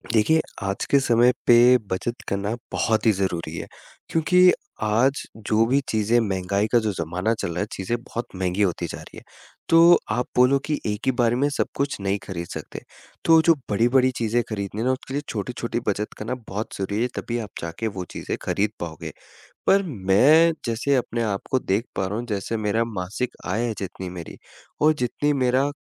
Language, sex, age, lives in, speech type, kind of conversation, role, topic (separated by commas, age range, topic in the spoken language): Hindi, male, 20-24, India, conversation, advice, user, मेरे बचत लक्ष्य बार-बार पूरे क्यों नहीं हो पाते हैं?